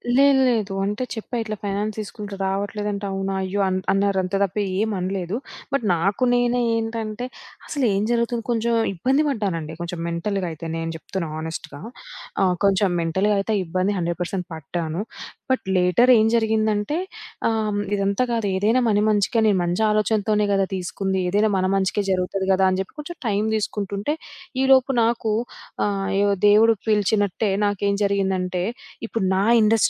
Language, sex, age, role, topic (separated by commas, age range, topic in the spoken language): Telugu, female, 25-29, guest, మీరు చేసిన వృత్తి మార్పు గురించి వివరంగా చెప్పగలరా?